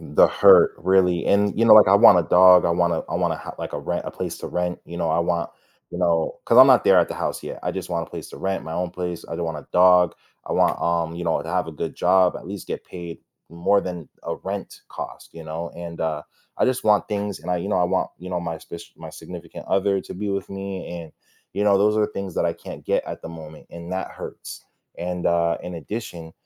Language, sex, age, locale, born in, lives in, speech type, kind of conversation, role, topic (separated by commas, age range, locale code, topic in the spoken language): English, male, 25-29, en-US, United States, United States, conversation, podcast, guest, How have difficult experiences shaped your personal growth?